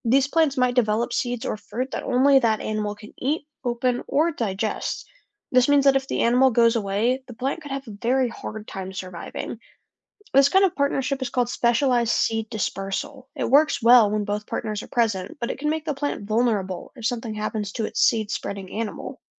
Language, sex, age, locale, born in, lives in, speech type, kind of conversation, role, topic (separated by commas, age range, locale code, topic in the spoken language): English, other, 20-24, en-US, United States, United States, read, expert-assistant, expert, How do seeds disperse in nature?